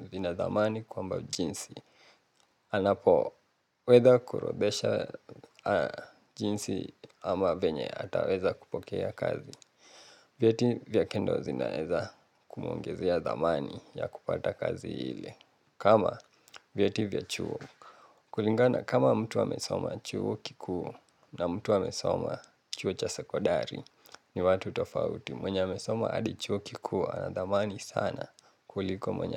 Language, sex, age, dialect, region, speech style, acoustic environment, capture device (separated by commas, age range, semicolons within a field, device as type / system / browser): Swahili, male, 18-24, Kenya - Nairobi Sheng-influenced, Kenya, relaxed, appliances, mobile / Linux / Chrome